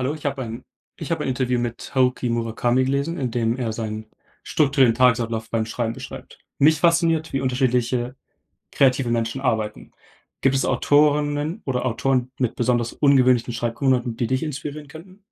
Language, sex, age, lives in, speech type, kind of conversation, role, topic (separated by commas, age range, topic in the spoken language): German, male, 18-19, Germany, read, expert-assistant, asker, Gibt es bekannte Autorinnen oder Autoren, deren Schreibprozesse besonders inspirierend sind und von denen man lernen kann?